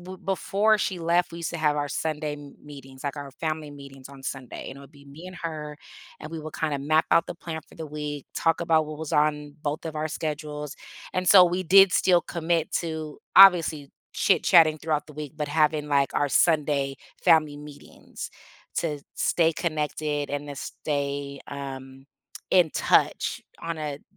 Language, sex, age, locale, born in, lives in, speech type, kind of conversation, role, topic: English, female, 40-44, en-US, United States, United States, conversation, advice, user, How can I cope with grief that still feels raw?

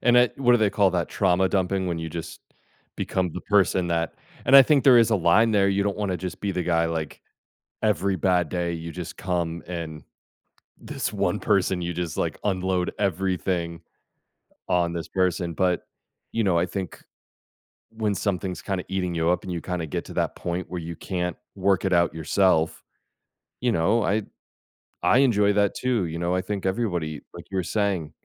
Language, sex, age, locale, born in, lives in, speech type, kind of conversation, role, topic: English, male, 40-44, en-US, United States, United States, conversation, advice, advisor, How can i find support and open up when i'm feeling lonely?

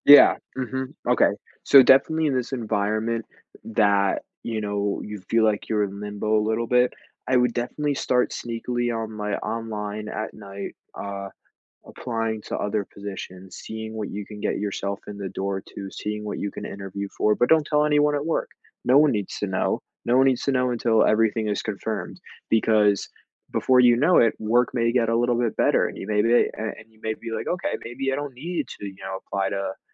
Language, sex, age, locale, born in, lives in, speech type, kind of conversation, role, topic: English, male, 18-19, en-US, United States, United States, conversation, advice, advisor, How do I decide whether to look for a new job or make my current role more fulfilling?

